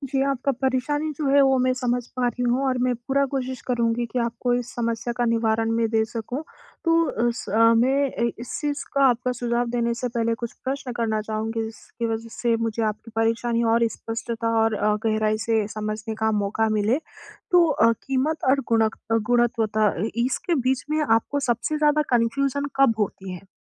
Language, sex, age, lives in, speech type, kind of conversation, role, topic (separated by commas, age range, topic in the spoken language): Hindi, female, 20-24, India, conversation, advice, advisor, कीमत और गुणवत्ता के बीच सही संतुलन कैसे बनाऊँ?